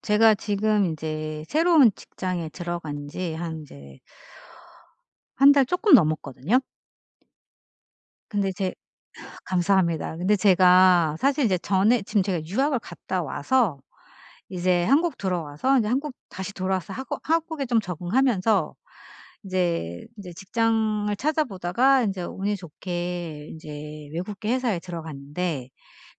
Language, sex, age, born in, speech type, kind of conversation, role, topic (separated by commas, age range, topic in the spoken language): Korean, female, 45-49, South Korea, conversation, advice, user, 새로운 역할에 어떻게 하면 빨리 적응할 수 있을까요?